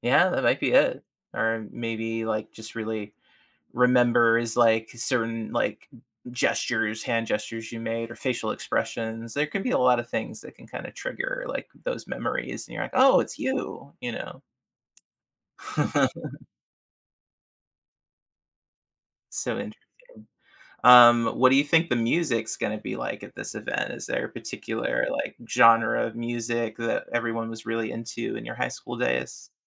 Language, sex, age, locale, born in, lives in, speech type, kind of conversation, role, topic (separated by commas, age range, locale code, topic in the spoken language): English, male, 35-39, en-US, United States, United States, conversation, advice, advisor, How can I reconnect with old friends after years apart?